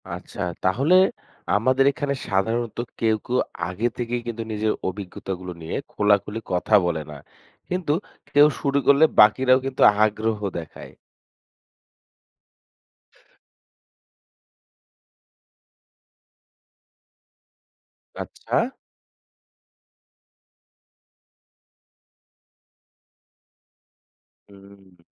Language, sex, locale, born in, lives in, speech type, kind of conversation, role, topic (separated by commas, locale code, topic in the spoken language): Bengali, female, bn-BD, Bangladesh, Bangladesh, read, expert-assistant, asker, দুর্যোগ মোকাবেলায় প্রস্তুতির গুরুত্ব কী